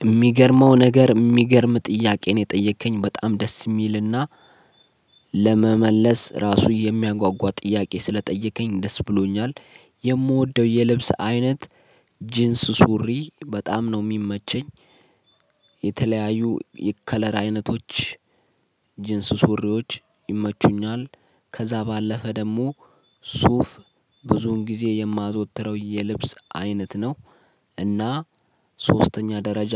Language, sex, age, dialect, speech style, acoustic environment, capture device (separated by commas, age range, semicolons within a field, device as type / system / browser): Amharic, male, 25-34, Ethiopia - Addis Ababa, focused, silence, mobile / Linux / Chrome